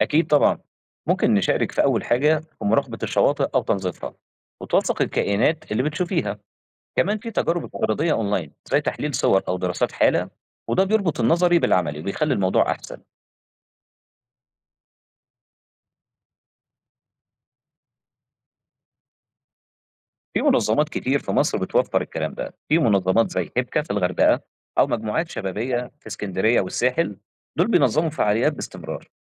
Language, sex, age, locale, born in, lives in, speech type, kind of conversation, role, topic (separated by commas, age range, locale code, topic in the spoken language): Arabic, male, 30-34, ar-EG, Egypt, Egypt, read, expert-assistant, expert, لو بفكر أغير مجالي وأدخل مجال الأحياء البحرية، إيه الخطوات الصح اللي أبدأ بيها؟